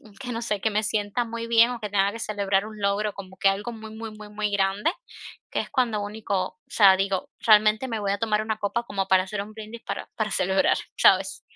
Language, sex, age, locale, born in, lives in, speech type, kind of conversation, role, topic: Spanish, female, 25-29, es-CU, Cuba, United States, conversation, advice, user, ¿Cómo puedo aceptar mis rarezas personales sin juzgarme tanto?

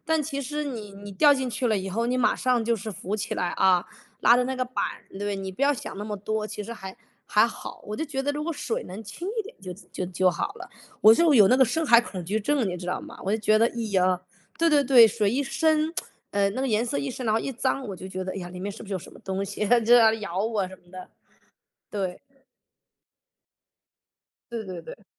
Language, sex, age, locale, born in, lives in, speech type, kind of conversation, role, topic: Chinese, male, 35-39, zh-CN, United States, United States, conversation, unstructured, none, 你最喜欢什么运动？为什么？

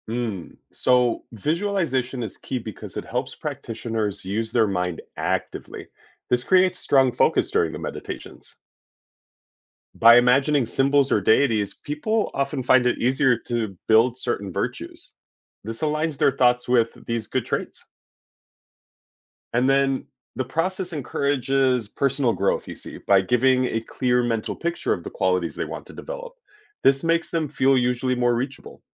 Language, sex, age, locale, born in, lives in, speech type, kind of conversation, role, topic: English, male, 30-34, en-US, United States, United States, read, expert-assistant, expert, What are the different ways people around the world practice meditation?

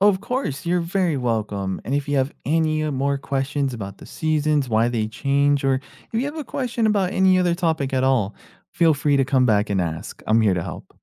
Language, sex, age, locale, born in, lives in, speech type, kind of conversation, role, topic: English, male, 30-34, en-US, United States, United States, read, expert-assistant, expert, What causes seasons to change?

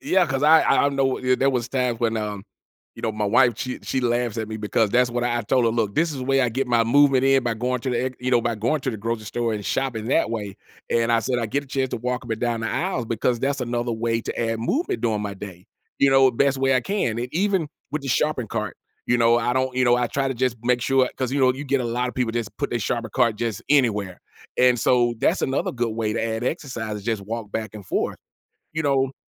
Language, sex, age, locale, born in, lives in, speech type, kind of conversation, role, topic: English, male, 45-49, en-US, United States, United States, conversation, podcast, host, How can we make movement a natural part of our daily routines?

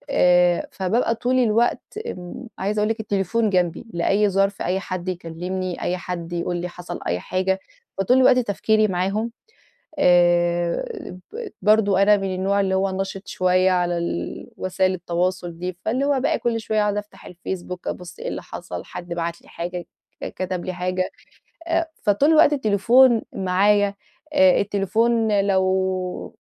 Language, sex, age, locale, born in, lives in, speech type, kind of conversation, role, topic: Arabic, female, 30-34, ar-EG, Egypt, Portugal, conversation, advice, user, ازاي أنظم وقتي عشان أحسّن فترات تركيزي؟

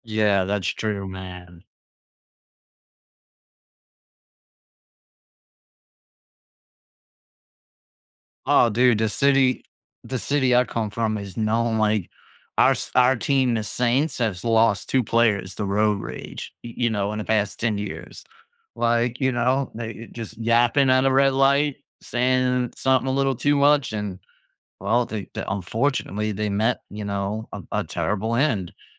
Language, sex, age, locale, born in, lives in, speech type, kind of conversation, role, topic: English, male, 30-34, en-US, United States, United States, conversation, podcast, host, What factors shape how people handle conflict in their lives?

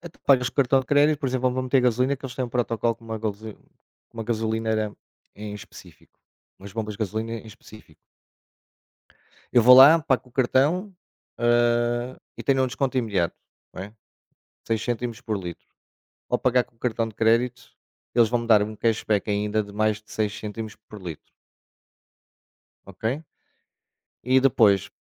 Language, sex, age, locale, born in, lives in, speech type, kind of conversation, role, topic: Portuguese, male, 45-49, pt-PT, Portugal, Portugal, conversation, unstructured, none, Você acha justo que os bancos cobrem tantas taxas?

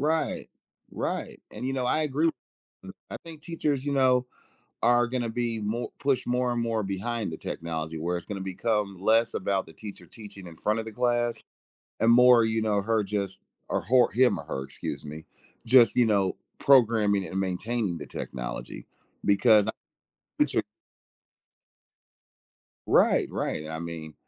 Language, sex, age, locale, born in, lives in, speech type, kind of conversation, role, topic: English, male, 40-44, en-US, United States, United States, conversation, podcast, host, In what ways is technology changing the way we learn and teach?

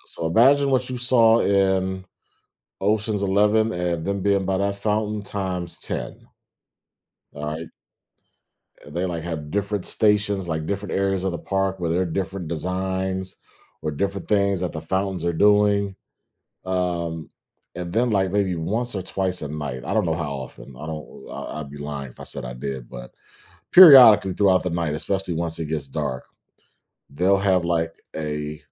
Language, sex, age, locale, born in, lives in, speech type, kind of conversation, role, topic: English, male, 40-44, en-US, United States, United States, conversation, podcast, guest, How have unexpected discoveries while traveling changed the way you see the world?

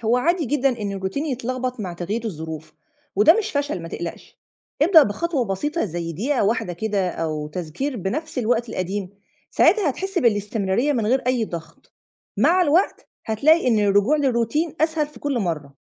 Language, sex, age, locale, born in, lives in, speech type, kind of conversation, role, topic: Arabic, female, 35-39, ar-EG, Egypt, Egypt, read, expert-assistant, expert, إزاي أقدر أعمل خطة عملية علشان أدمج التأمل أو الذكر في روتيني اليومي وسط مشاغل الشغل والحياة؟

